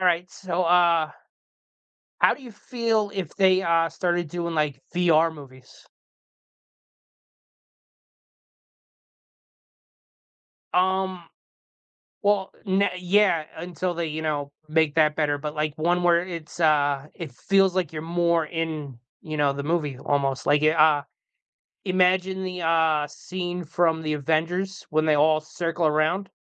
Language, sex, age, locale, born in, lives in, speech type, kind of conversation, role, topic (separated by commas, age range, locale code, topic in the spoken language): English, male, 40-44, en-US, United States, United States, conversation, unstructured, none, What was the first movie that made you fall in love with cinema, and what memories does it bring back?